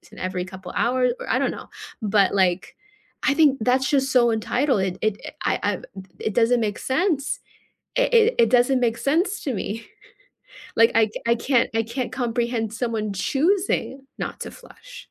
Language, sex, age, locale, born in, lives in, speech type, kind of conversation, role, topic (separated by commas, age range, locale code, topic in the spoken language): English, female, 25-29, en-US, United States, United States, conversation, unstructured, none, What is your reaction when people do not flush the toilet properly?